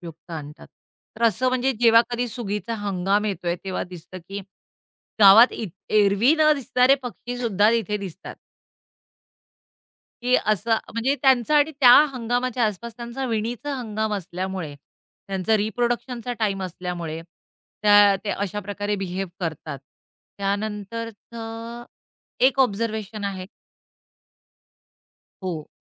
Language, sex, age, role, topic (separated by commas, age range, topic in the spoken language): Marathi, female, 45-49, guest, पक्ष्यांचे स्थलांतर पाहून ऋतू ओळखण्याचा तुमचा अनुभव काय आहे?